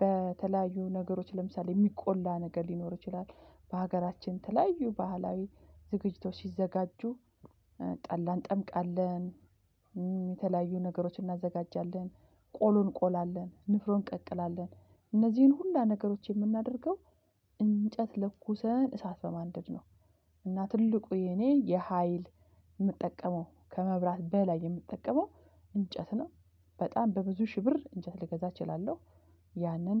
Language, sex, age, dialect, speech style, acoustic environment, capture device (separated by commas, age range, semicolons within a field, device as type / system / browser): Amharic, female, 45-54, Ethiopia - Addis Ababa, excited, silence, mobile / Linux / Chrome